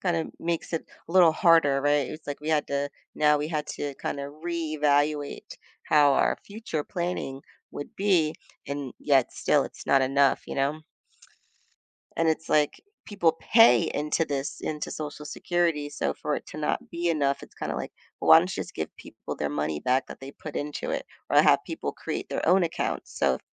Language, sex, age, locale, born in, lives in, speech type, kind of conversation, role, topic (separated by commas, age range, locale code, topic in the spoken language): English, female, 40-44, en-US, United States, United States, conversation, podcast, guest, How do your values and experiences shape what success looks like in your life?